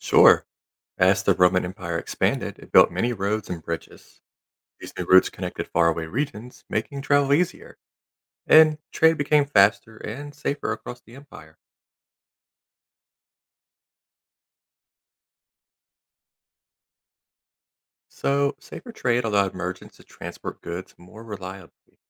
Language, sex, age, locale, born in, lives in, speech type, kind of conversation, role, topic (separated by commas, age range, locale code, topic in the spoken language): English, male, 30-34, en-US, United States, United States, read, expert-assistant, expert, How did the expansion of the Roman Empire affect trade?